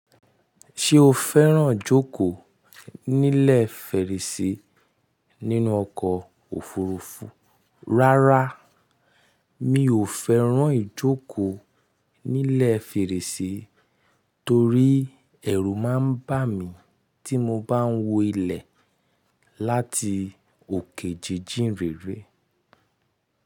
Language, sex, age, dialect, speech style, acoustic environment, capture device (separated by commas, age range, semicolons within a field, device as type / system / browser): Yoruba, male, 25-34, Nigeria - Nigerian Standard, happy; relaxed; excited; focused, nature; silence; people talking, mobile / Linux / Chrome